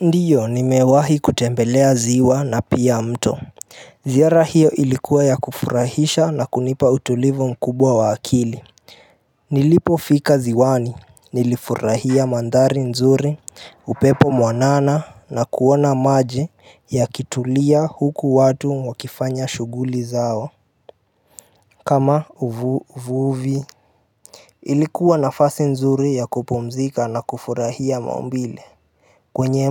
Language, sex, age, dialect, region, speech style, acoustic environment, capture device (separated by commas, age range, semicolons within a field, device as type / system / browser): Swahili, male, 18-24, Kenya - Nairobi Sheng-influenced, Kenya, happy, appliances; nature; music; silence, mobile / Linux / Chrome